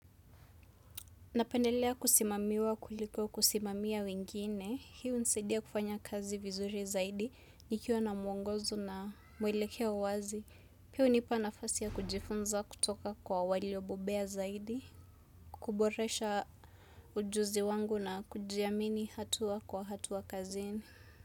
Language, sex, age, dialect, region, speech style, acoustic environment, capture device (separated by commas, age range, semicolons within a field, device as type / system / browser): Swahili, female, 25-34, Kenya - Nairobi Sheng-influenced, Kenya, relaxed, music; silence, desktop / Windows / Chrome